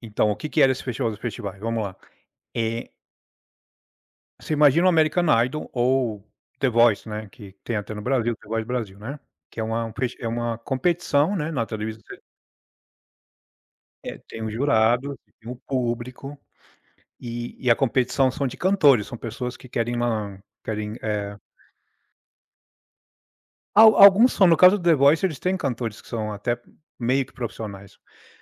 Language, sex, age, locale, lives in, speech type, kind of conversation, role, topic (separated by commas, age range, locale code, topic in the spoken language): Portuguese, male, 40-44, pt-BR, United States, conversation, podcast, guest, Qual é a trilha sonora da sua infância?